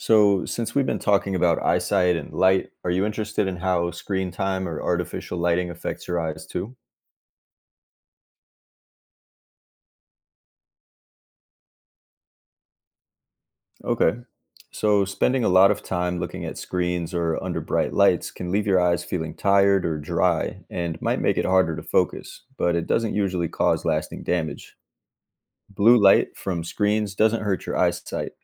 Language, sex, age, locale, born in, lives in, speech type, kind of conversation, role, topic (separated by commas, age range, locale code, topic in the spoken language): English, male, 30-34, en-US, United States, United States, read, expert-assistant, expert, How do our eyes adjust to darkness?